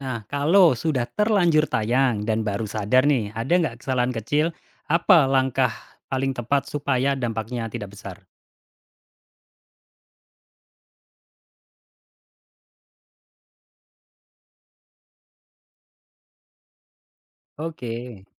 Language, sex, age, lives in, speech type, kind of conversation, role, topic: Indonesian, male, 35-39, Indonesia, read, expert-assistant, asker, Bagaimana menentukan prioritas antara kecepatan dan ketepatan saat meliput berita penting?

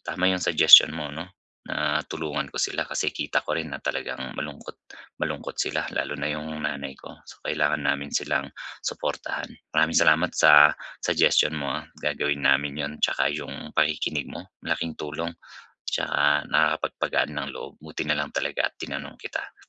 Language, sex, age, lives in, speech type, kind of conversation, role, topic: Filipino, male, 35-39, Philippines, conversation, advice, user, Paano ko muling mabubuo ang sarili ko matapos masira ang mga plano?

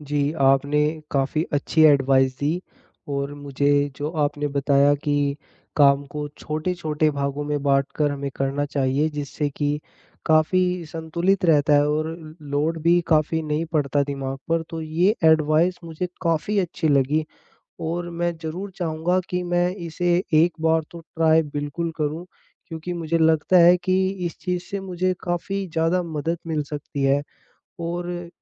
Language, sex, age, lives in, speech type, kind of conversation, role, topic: Hindi, male, 20-24, India, conversation, advice, user, परफेक्शनिज़्म की वजह से मैं काम शुरू नहीं कर पाता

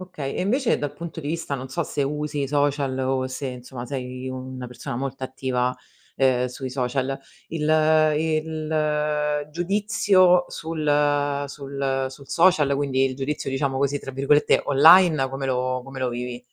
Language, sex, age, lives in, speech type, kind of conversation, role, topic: Italian, female, 35-39, Italy, conversation, podcast, host, Come gestisci il giudizio degli altri quando cambi?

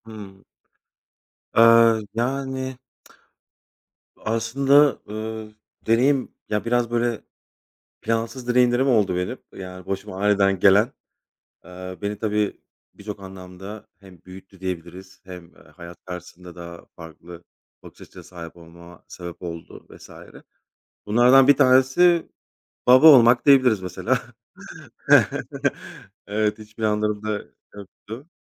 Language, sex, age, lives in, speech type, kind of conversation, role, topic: Turkish, male, 35-39, Poland, conversation, unstructured, none, Hayatını değiştiren bir deneyimi anlatır mısın?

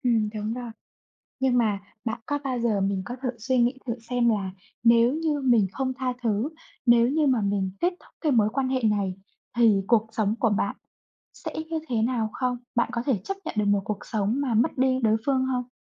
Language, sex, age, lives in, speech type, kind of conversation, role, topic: Vietnamese, female, 25-29, Vietnam, conversation, unstructured, none, Có nên tha thứ khi người mình yêu đã phản bội không?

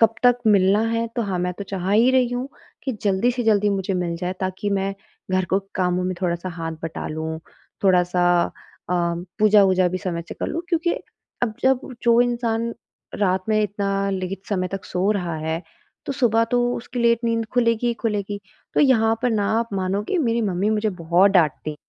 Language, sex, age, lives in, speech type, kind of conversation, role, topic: Hindi, female, 25-29, India, conversation, advice, user, मैं रोज़ एक ही समय पर सोने की आदत कैसे बना सकता/सकती हूँ?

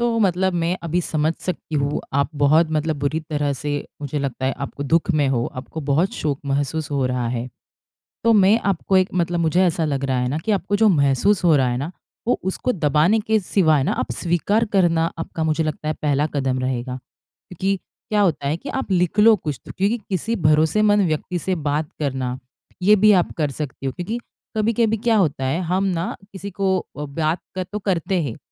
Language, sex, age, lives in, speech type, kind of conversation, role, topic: Hindi, female, 30-34, India, conversation, advice, advisor, मैं टूटे रिश्ते और खोई हुई उम्मीदों का शोक कैसे संभालूँ?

